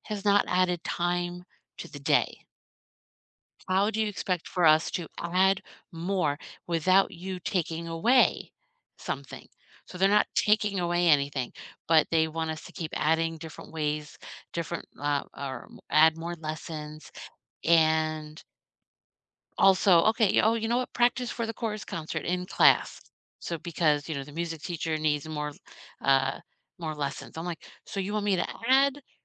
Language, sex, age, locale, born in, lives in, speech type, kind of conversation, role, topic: English, female, 50-54, en-US, United States, United States, conversation, unstructured, none, What changes would you make if your school schedule were redesigned?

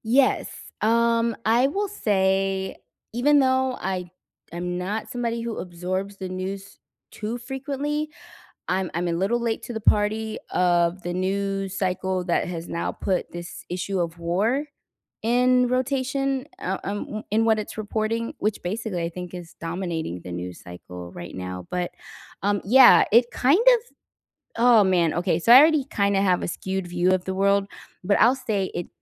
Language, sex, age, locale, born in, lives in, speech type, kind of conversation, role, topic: English, female, 40-44, en-US, United States, United States, conversation, unstructured, none, Have you ever seen a news story that changed how you see the world?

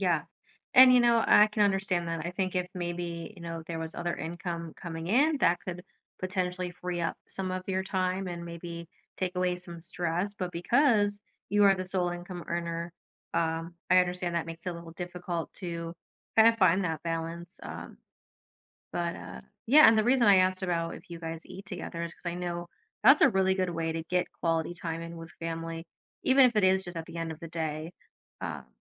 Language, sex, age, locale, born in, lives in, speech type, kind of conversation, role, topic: English, female, 30-34, en-US, United States, United States, conversation, advice, advisor, How can I balance work and family time?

